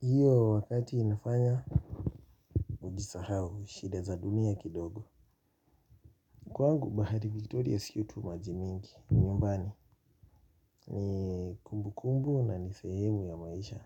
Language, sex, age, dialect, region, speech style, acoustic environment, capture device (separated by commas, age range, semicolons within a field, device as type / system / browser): Swahili, male, 25-34, Kenya - Nairobi Sheng-influenced, Kenya, relaxed, silence, mobile / Linux / Chrome